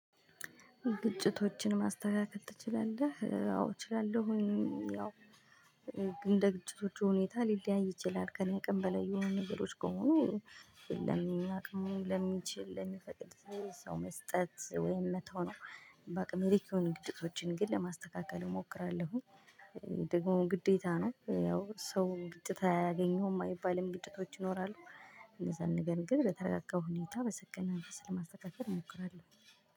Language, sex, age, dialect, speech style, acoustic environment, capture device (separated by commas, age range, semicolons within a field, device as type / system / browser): Amharic, female, 25-34, Ethiopia - Gojjam, happy; excited, silence, mobile / Linux / Chrome